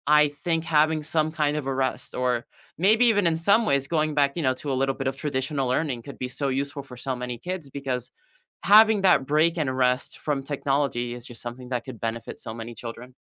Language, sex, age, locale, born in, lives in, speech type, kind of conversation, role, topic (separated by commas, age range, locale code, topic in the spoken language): English, male, 20-24, en-US, United States, United States, conversation, unstructured, none, What changes would you make if your school schedule were redesigned?